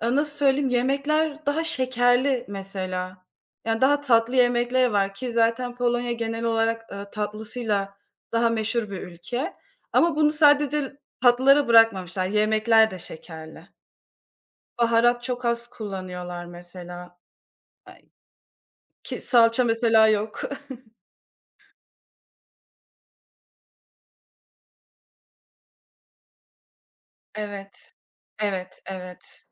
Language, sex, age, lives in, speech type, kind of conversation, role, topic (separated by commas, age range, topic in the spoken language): Turkish, female, 25-29, Poland, conversation, unstructured, none, Arkadaşlarınla yemek paylaşmak senin için ne ifade ediyor?